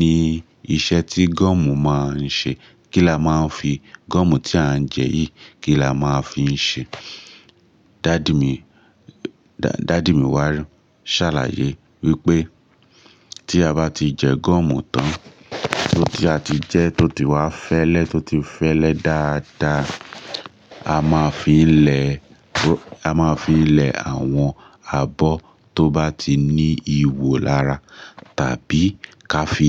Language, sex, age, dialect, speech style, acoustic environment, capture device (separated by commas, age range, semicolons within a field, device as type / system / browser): Yoruba, male, 25-34, Nigeria - Lagos Yoruba, focused; relaxed, nature; appliances, mobile / Linux / Chrome